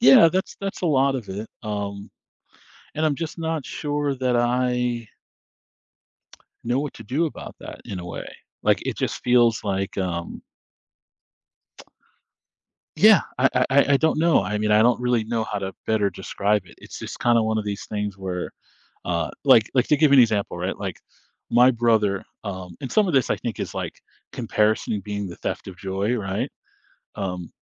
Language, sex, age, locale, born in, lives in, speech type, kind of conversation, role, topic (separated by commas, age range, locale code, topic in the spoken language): English, male, 40-44, en-US, United States, United States, conversation, advice, user, How can I improve my financial stability and feel less anxious about money?